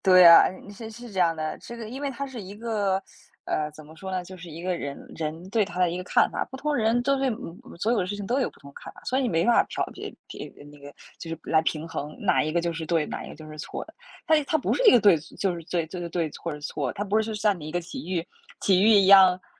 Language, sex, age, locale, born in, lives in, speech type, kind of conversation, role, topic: Chinese, female, 20-24, zh-CN, China, United States, conversation, unstructured, none, 你觉得追求梦想更重要，还是面对现实更重要？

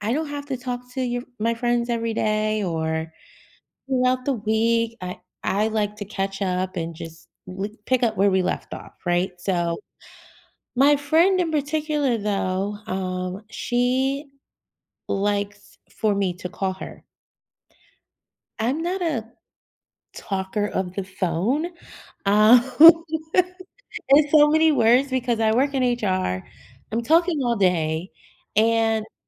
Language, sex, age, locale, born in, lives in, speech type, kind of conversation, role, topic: English, female, 40-44, en-US, United States, United States, conversation, advice, user, How can I resolve a disagreement with a close friend?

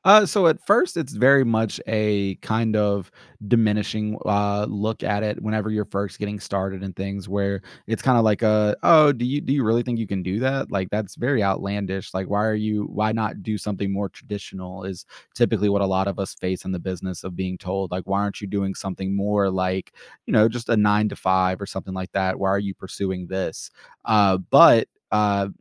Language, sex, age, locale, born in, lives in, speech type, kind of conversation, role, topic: English, male, 25-29, en-US, United States, United States, conversation, podcast, guest, How do your daily routines and work habits shape your typical day on the job?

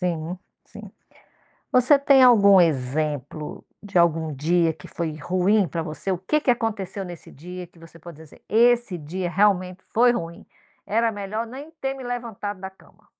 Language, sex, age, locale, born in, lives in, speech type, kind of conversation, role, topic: Portuguese, female, 50-54, pt-BR, Brazil, United States, conversation, podcast, host, Que hobby ajuda você a desestressar depois de um dia ruim?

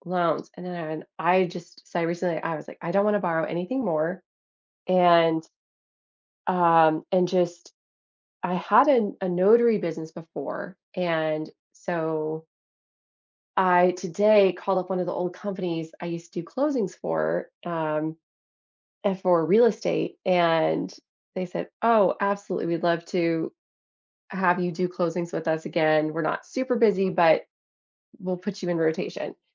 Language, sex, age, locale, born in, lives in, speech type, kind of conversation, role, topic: English, female, 35-39, en-US, United States, United States, conversation, advice, user, How can I build a simple budget and emergency fund to handle unexpected expenses?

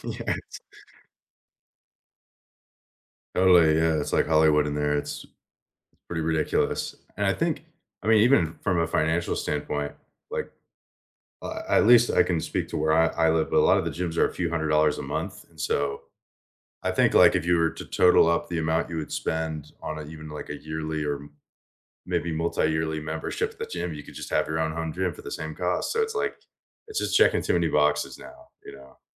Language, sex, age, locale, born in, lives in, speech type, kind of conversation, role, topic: English, male, 25-29, en-US, United States, United States, conversation, unstructured, none, What recent win—big or small—are you most proud of, and why?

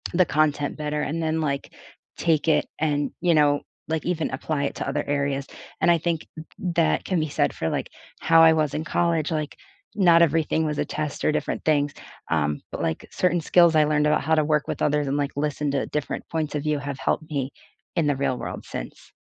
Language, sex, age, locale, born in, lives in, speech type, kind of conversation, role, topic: English, female, 25-29, en-US, United States, United States, conversation, unstructured, none, What study habits have actually worked for you?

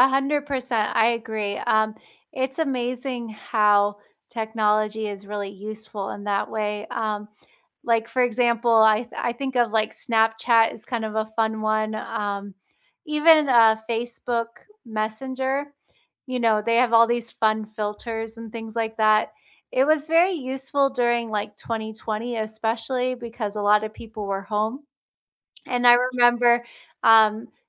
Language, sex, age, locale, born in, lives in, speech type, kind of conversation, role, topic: English, female, 35-39, en-US, United States, United States, conversation, unstructured, none, What do you think makes a friendship last over the years?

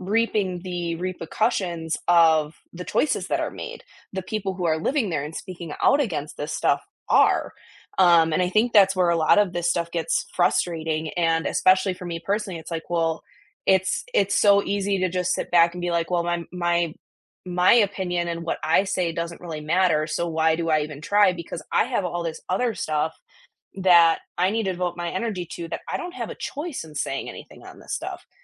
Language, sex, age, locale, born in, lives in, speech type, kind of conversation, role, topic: English, female, 25-29, en-US, United States, United States, conversation, unstructured, none, How do you keep up with what’s happening in your local government, and how does it affect your everyday community life?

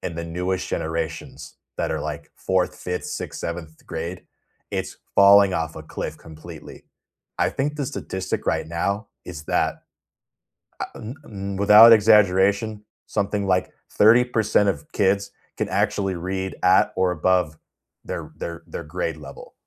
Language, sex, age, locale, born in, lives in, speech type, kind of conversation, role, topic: English, male, 30-34, en-US, United States, United States, conversation, unstructured, none, What would you include in your dream classroom, and why would those choices matter to you?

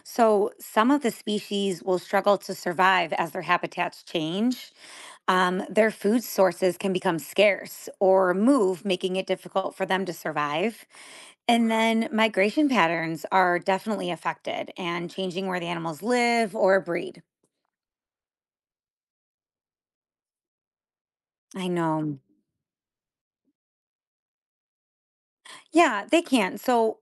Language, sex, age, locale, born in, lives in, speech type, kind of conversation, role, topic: English, female, 35-39, en-US, United States, United States, read, expert-assistant, expert, What causes climate change?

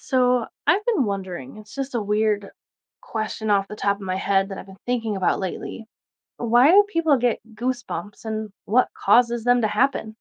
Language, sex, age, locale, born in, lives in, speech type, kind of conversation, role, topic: English, female, 25-29, en-US, United States, United States, read, expert-assistant, asker, Why do we get goosebumps?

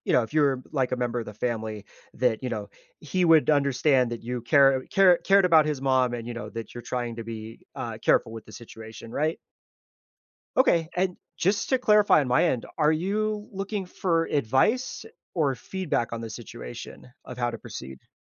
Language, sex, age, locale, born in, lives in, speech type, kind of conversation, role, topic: English, male, 30-34, en-US, United States, United States, conversation, advice, advisor, How can I help a grieving friend?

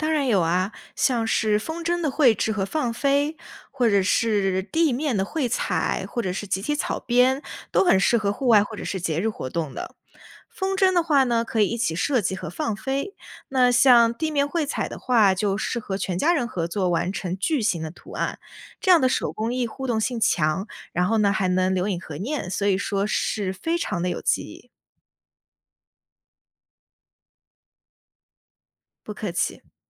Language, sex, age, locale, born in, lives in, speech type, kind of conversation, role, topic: Chinese, female, 20-24, zh-CN, China, United States, read, expert-assistant, expert, 想更好地体验和学习中国的民间艺术，比如剪纸或者糖画，有哪些简单又有趣的方法可以试试？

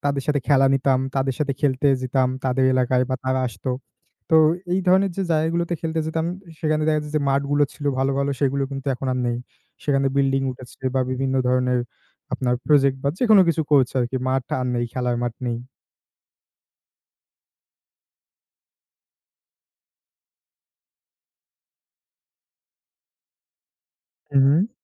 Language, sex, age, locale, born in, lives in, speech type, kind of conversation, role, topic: Bengali, male, 20-24, bn-BD, Bangladesh, Bangladesh, conversation, unstructured, none, আপনার এলাকার সবচেয়ে ভালো জায়গা কোনটি?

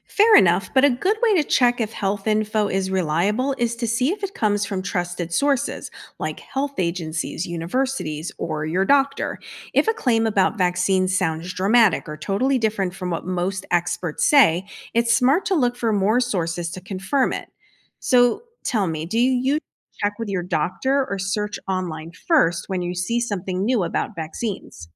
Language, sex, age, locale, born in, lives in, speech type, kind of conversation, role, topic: English, female, 50-54, en-US, United States, United States, read, expert-assistant, expert, How do vaccines protect individuals and communities from disease?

